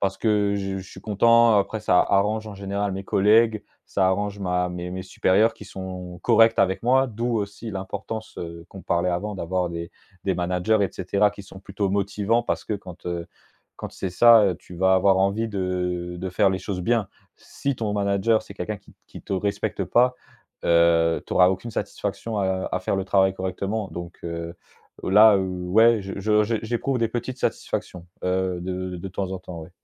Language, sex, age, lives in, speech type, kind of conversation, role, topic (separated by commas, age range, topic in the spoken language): French, male, 25-29, France, conversation, podcast, guest, Comment trouves-tu du sens à un travail répétitif ?